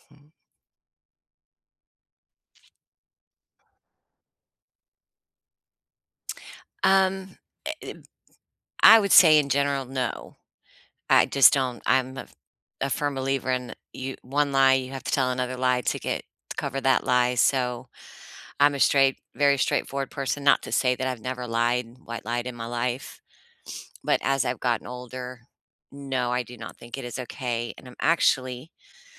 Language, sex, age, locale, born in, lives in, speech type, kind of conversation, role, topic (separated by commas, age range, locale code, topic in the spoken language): English, female, 50-54, en-US, United States, United States, conversation, unstructured, none, Is it ever okay to lie to protect someone?